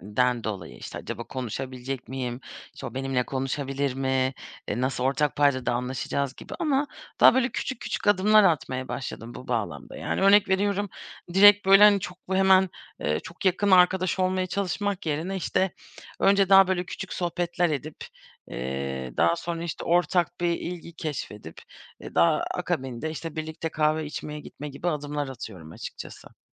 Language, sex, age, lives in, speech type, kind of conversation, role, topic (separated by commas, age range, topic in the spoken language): Turkish, female, 30-34, Germany, conversation, podcast, guest, Yeni arkadaş edinmenin en doğal yolları sence nelerdir?